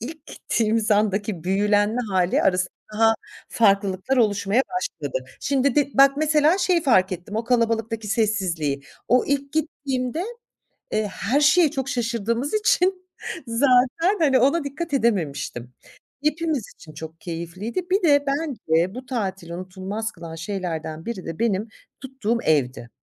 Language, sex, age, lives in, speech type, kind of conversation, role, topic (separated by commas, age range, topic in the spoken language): Turkish, female, 45-49, France, conversation, podcast, guest, Hâlâ unutamadığın bir seyahat anın var mı?